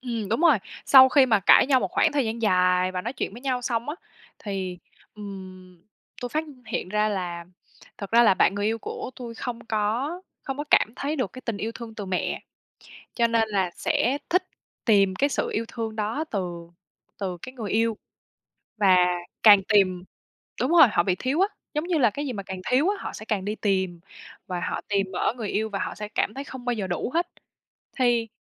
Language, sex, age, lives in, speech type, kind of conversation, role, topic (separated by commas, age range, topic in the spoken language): Vietnamese, female, 25-29, Vietnam, conversation, advice, user, Làm sao để chấm dứt những cuộc cãi vã lặp đi lặp lại và giải quyết vấn đề một cách dứt điểm?